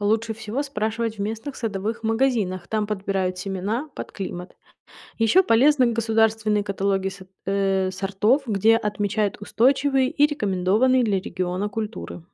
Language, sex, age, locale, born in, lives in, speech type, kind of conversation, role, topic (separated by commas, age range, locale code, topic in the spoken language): Russian, female, 30-34, ru-RU, Ukraine, United States, read, expert-assistant, expert, С чего начать, если я хочу изменить свою жизнь и заняться собственным производством продуктов питания?